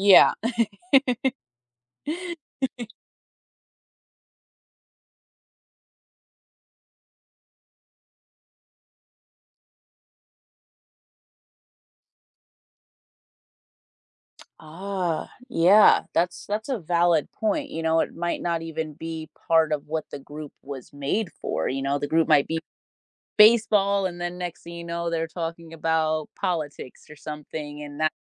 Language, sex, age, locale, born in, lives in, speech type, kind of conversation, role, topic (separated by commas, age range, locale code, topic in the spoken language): English, female, 30-34, en-US, United States, United States, conversation, podcast, host, What makes a community strong to you?